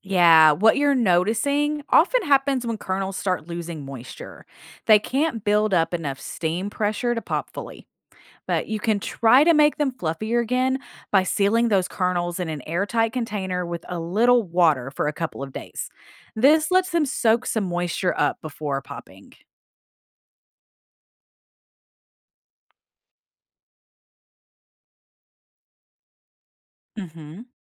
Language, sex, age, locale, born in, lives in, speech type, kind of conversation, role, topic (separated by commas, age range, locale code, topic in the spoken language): English, female, 40-44, en-US, United States, United States, read, expert-assistant, expert, How can you ensure popcorn pops well and stays fresh?